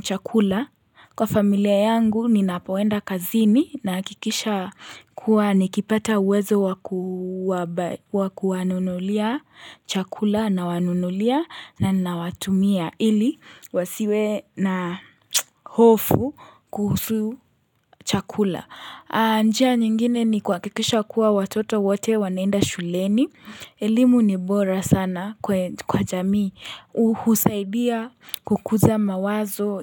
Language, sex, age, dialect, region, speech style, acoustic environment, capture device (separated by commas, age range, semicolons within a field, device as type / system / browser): Swahili, female, 18-24, Kenya - Nairobi Sheng-influenced, Kenya, relaxed; happy; focused; excited, silence, mobile / Linux / Chrome